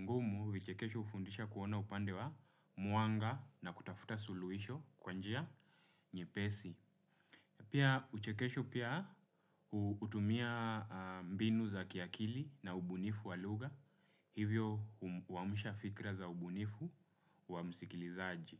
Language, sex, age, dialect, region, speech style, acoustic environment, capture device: Swahili, male, 25-34, Kenya - Nairobi Sheng-influenced, Kenya, relaxed, nature; silence; appliances, desktop / Windows / Chrome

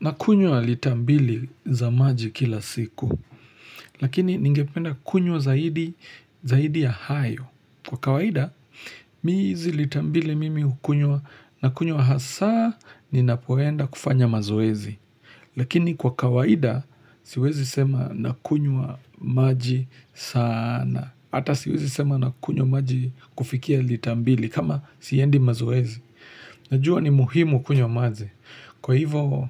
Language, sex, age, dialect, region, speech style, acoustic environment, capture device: Swahili, male, 25-34, Kenya - Nairobi Sheng-influenced, Kenya, relaxed, nature; appliances, mobile / Linux / Chrome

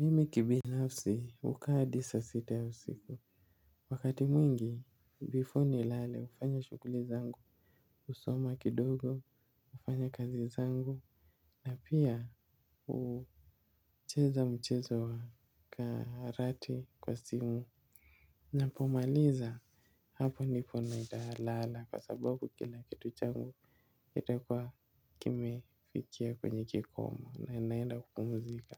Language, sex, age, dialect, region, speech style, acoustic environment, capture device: Swahili, male, 18-24, Kenya - Mombasa, Kenya, happy; relaxed; focused; anxious, construction; nature, mobile / Linux / Chrome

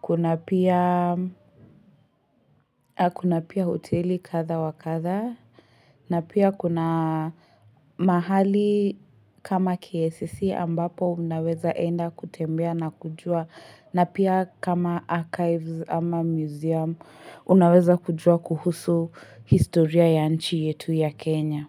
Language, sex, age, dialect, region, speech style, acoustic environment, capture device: Swahili, female, 18-24, Kenya - Nairobi Sheng-influenced, Kenya, anxious; nervous, silence, mobile / Linux / Chrome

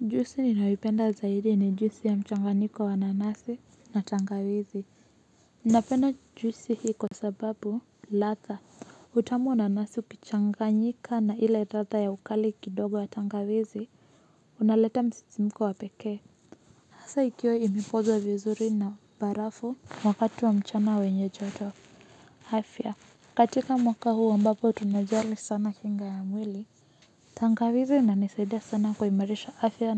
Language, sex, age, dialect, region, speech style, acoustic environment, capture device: Swahili, female, 25-34, Kenya - Nairobi Sheng-influenced, Kenya, relaxed, silence, mobile / Linux / Chrome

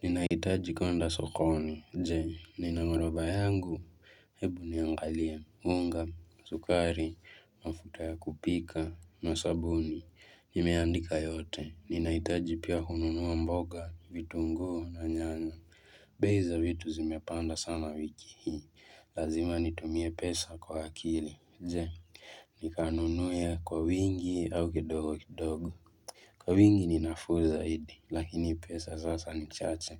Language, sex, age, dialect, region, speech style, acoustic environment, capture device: Swahili, male, 18-24, Kenya - Mombasa, Kenya, relaxed, silence, mobile / Linux / Chrome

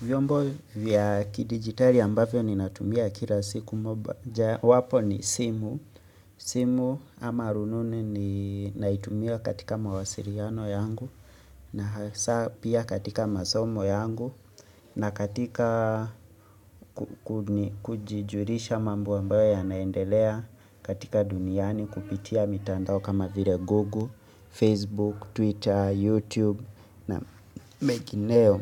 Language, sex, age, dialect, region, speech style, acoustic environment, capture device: Swahili, male, 25-34, Kenya - Nairobi Sheng-influenced, Kenya, relaxed; focused; excited; happy, silence, mobile / Linux / Chrome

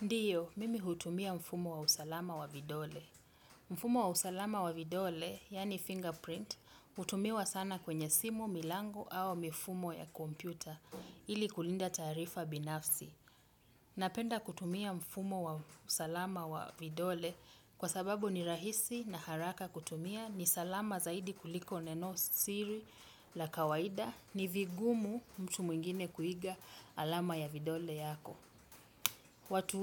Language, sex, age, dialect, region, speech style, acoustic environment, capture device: Swahili, female, 35-44, Kenya - Mombasa, Kenya, happy, nature, desktop / Windows / Chrome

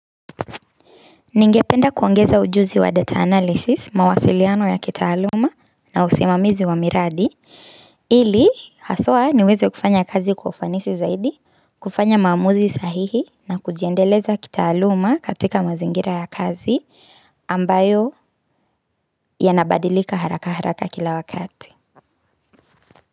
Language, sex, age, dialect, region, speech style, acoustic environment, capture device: Swahili, female, 25-34, Kenya - Nairobi Sheng-influenced, Kenya, relaxed; focused, nature; silence, mobile / Linux / Chrome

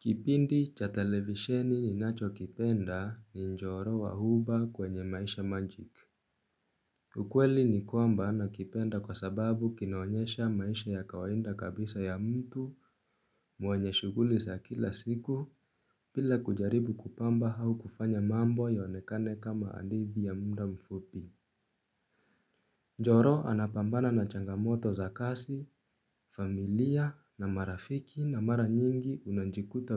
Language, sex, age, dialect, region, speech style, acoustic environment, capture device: Swahili, male, 18-24, Kenya - Nairobi Sheng-influenced, Kenya, nervous, silence, mobile / Linux / Chrome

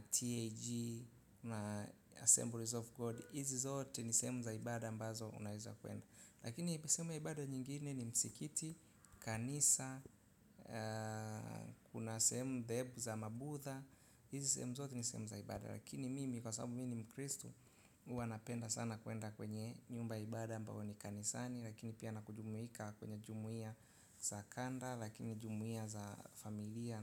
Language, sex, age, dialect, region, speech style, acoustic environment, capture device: Swahili, male, 25-34, Tanzania - Dar es Salaam, Tanzania, relaxed; happy, silence, mobile / Linux / Chrome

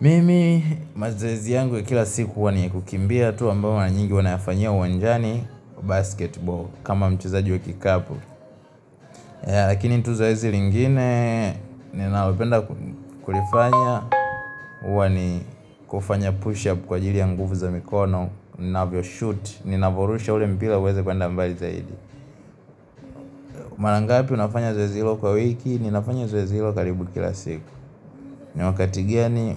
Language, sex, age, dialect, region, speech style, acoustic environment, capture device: Swahili, male, 18-24, Tanzania - Dar es Salaam, Tanzania, happy; relaxed, music, mobile / Linux / Chrome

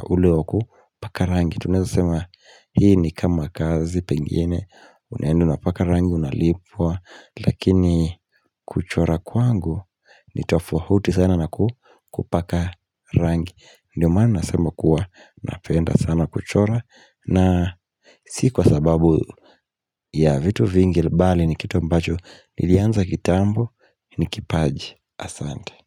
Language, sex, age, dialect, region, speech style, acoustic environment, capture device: Swahili, male, 18-24, Kenya - Mombasa, Kenya, relaxed, silence, mobile / Linux / Chrome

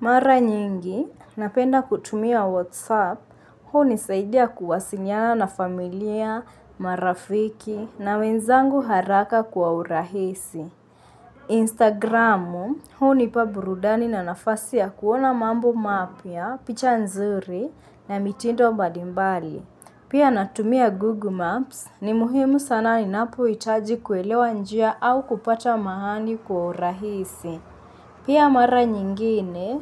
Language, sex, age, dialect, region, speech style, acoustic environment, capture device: Swahili, female, 25-34, Kenya - Mombasa, Kenya, focused; relaxed, nature; silence; appliances, mobile / Linux / Chrome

